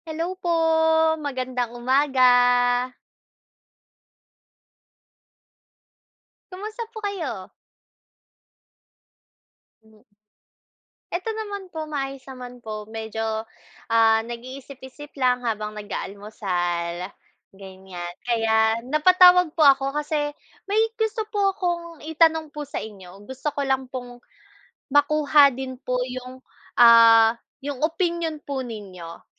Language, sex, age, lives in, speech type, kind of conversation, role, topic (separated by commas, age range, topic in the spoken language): Filipino, female, 25-29, Philippines, conversation, unstructured, none, Paano nakaapekto sa pagkatao mo ngayon ang opinyon ng iba?